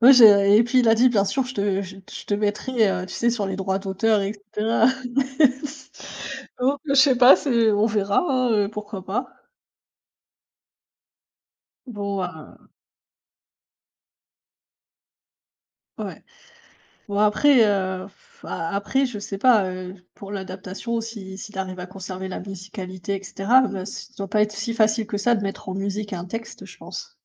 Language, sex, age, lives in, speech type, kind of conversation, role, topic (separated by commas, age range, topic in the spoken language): French, female, 30-34, Germany, conversation, unstructured, none, Comment un loisir t’a-t-il aidé à te détendre ?